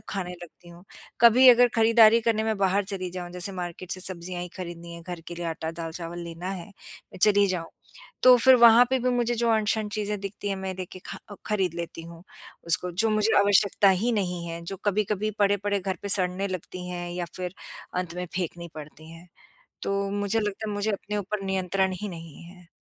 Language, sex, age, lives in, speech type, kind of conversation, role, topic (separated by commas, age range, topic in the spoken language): Hindi, female, 50-54, United States, conversation, advice, user, कब और कैसे आपने खाने या खरीदारी जैसे छोटे-छोटे व्यसनों पर अपना नियंत्रण खो दिया?